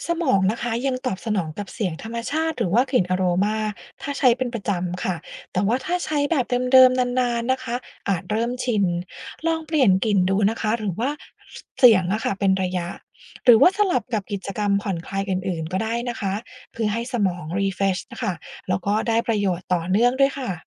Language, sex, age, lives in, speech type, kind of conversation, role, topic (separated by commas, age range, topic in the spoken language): Thai, female, 40-44, United States, read, expert-assistant, expert, มีวิธีไหนบ้างที่กิจกรรมเกี่ยวกับทะเลช่วยให้เราผ่อนคลายหรือคิดบวกมากขึ้น